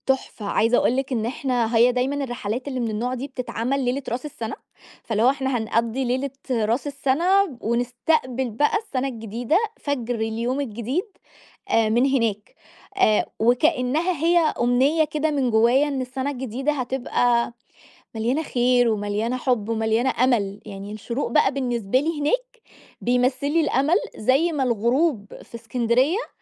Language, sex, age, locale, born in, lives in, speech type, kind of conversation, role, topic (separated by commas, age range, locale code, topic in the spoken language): Arabic, female, 30-34, ar-EG, Egypt, Egypt, conversation, podcast, guest, إيه أكتر منظر غروب أو فجر لسه مش قادر تنساه؟